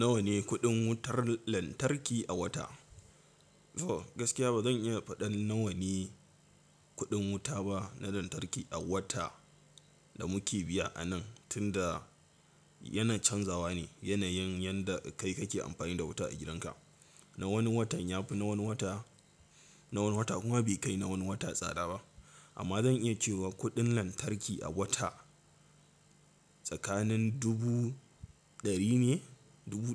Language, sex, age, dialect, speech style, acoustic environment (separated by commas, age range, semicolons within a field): Hausa, male, 18-24, Nigeria - Katsina, tired; relaxed; focused, silence